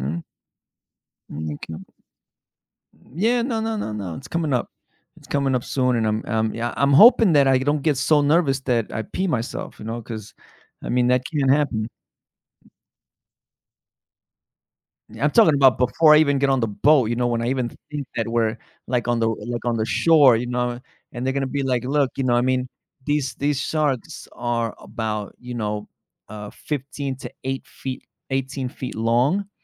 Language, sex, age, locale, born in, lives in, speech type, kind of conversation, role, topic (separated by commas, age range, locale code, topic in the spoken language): English, male, 35-39, en-US, United States, United States, conversation, advice, user, How do I make an important decision when I'm feeling uncertain?